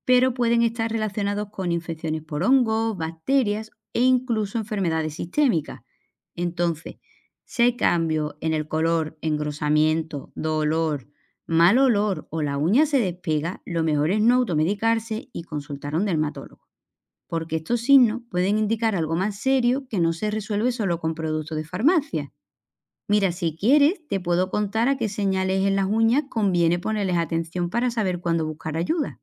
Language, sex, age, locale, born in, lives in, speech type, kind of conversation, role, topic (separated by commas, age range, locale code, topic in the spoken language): Spanish, female, 35-39, es-ES, Spain, Portugal, read, expert-assistant, expert, ¿Qué tipos de problemas pueden atender los dermatólogos?